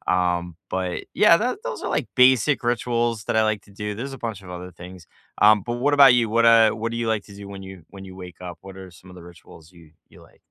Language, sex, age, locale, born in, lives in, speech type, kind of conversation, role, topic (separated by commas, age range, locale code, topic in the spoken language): English, male, 40-44, en-US, United States, United States, conversation, unstructured, none, What small rituals make your mornings better?